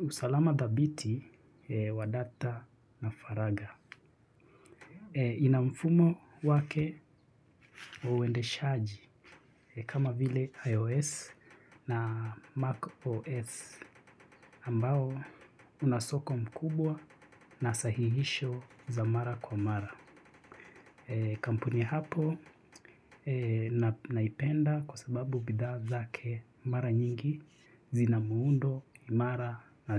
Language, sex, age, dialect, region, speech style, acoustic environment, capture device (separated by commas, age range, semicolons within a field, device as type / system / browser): Swahili, male, 25-34, Kenya - Nairobi Sheng-influenced, Kenya, relaxed; focused; excited, silence, mobile / Linux / Chrome